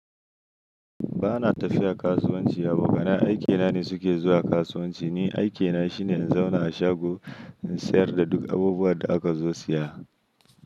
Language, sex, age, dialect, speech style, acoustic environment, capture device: Hausa, male, 25-34, Nigeria - Kano, happy, silence, mobile / Linux / Chrome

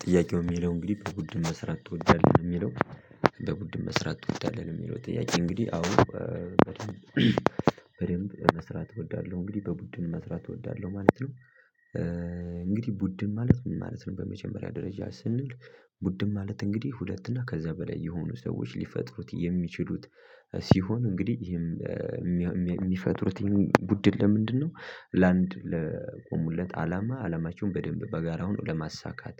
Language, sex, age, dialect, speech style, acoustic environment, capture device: Amharic, male, 18-24, Ethiopia - Gojjam, focused, silence, mobile / Linux / Chrome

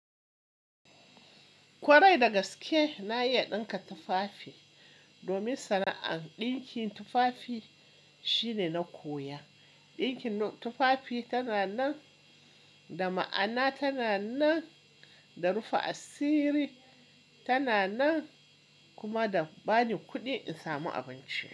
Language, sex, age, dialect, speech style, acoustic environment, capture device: Hausa, male, 25-34, Nigeria - Kano, relaxed, appliances, mobile / Linux / Chrome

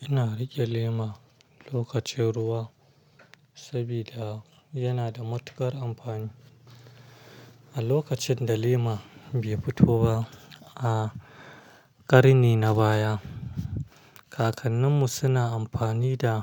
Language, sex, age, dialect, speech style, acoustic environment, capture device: Hausa, male, 25-34, Nigeria - Kano, happy; stressed, silence; traffic, mobile / Linux / Chrome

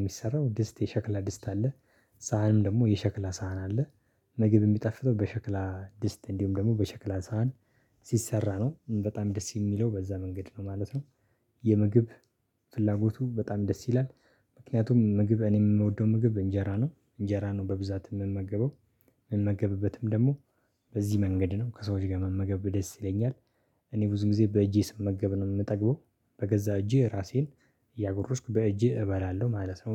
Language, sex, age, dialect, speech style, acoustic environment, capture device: Amharic, male, 25-34, Ethiopia - Addis Ababa, happy, silence, mobile / Linux / Chrome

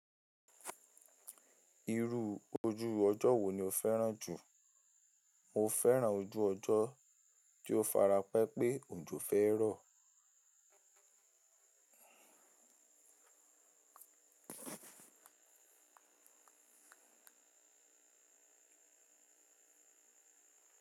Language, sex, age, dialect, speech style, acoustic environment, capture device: Yoruba, male, 18-24, Nigeria - Ibadan, relaxed; stressed, nature; silence, mobile / Linux / Chrome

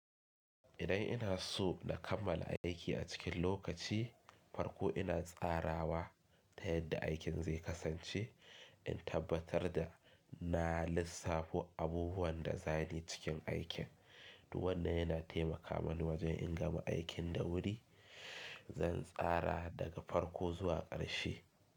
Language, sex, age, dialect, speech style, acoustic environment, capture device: Hausa, male, 25-34, Nigeria - Kano, happy, silence, mobile / Linux / Chrome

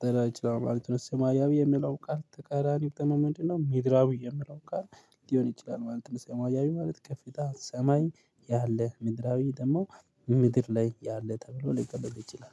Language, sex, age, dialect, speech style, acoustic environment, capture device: Amharic, male, 25-34, Ethiopia - Addis Ababa, happy, people talking; traffic; music, mobile / Linux / Chrome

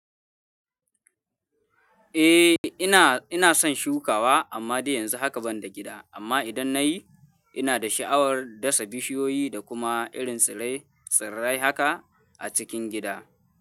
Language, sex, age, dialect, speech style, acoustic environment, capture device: Hausa, male, 18-24, Nigeria - Kano, happy, people talking, mobile / Linux / Chrome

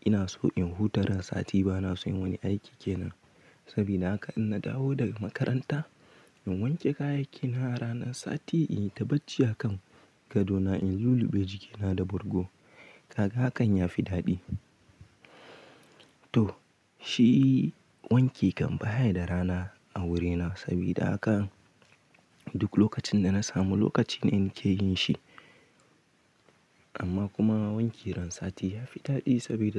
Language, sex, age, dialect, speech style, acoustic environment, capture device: Hausa, male, 18-24, Nigeria - Kano, anxious; happy, silence; nature, mobile / Linux / Chrome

